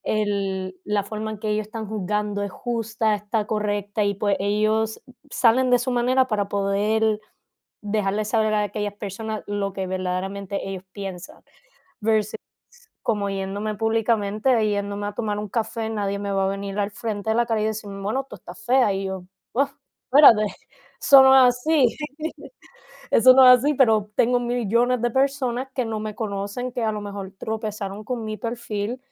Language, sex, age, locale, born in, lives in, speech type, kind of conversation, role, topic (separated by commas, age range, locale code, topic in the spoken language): Spanish, female, 20-24, es-CU, Puerto Rico, United States, conversation, unstructured, none, ¿Cómo te afecta que te juzguen sin conocerte bien?